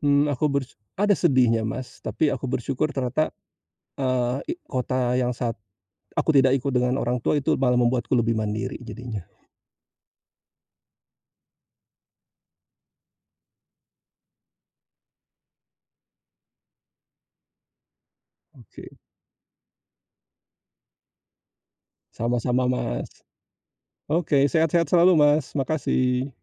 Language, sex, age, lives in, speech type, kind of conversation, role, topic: Indonesian, male, 45-49, Indonesia, conversation, podcast, guest, Pernahkah kamu tiba-tiba harus pindah ke kota lain atau ke luar negeri?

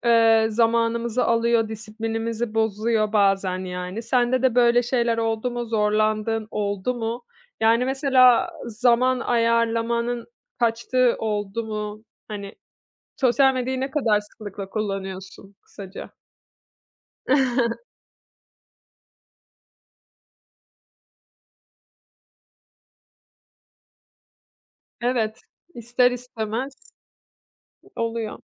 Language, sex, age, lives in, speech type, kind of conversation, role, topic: Turkish, female, 30-34, Italy, conversation, podcast, host, Motivasyonun düştüğünde kendini nasıl yeniden canlandırırsın?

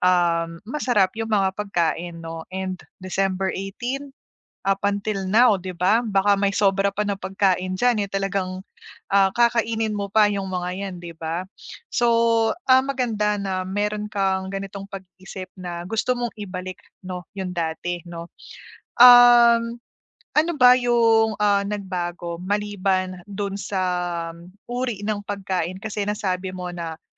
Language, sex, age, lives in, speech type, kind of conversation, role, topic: Filipino, female, 30-34, Philippines, conversation, advice, advisor, Paano ko masusubaybayan nang madali ang aking araw-araw na mga gawi?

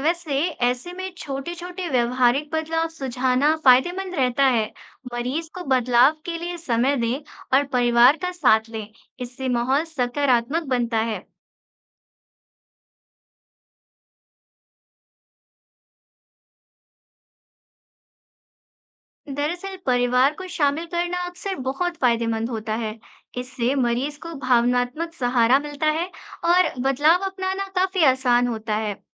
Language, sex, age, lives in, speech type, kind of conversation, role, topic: Hindi, female, 25-29, India, read, expert-assistant, expert, कई बार मरीज खुद अपनी देखभाल को लेकर अलग राय रखते हैं, तो क्या स्टाफ को उनकी बात माननी चाहिए या अपने अनुभव पर चलना चाहिए?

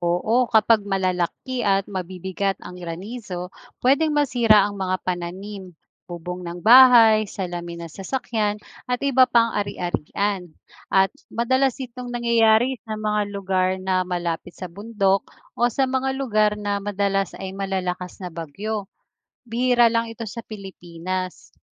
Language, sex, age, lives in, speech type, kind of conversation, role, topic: Filipino, female, 40-44, Philippines, read, expert-assistant, expert, Ano ang proseso ng pagbuo ng ulap?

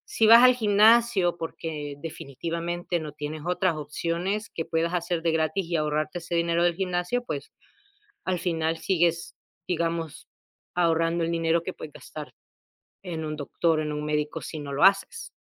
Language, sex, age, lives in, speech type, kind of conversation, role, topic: Spanish, female, 30-34, United States, conversation, unstructured, none, ¿Cuál es tu forma favorita de ahorrar dinero?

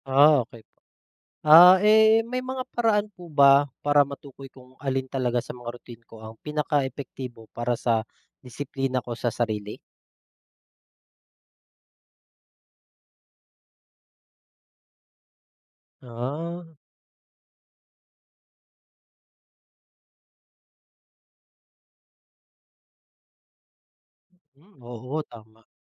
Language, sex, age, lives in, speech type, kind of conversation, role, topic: Filipino, male, 30-34, Philippines, read, expert-assistant, asker, Ano ang mga paraan upang mapanatili ang disiplina sa sarili?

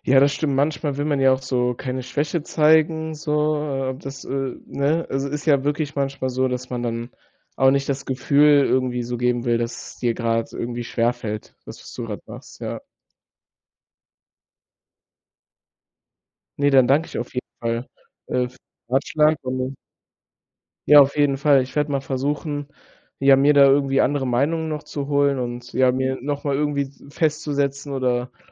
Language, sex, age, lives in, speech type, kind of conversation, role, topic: German, male, 18-19, Germany, conversation, advice, user, Wie soll ich damit umgehen, wenn ich das Gefühl habe, Motivation und Sinn im Leben verloren zu haben?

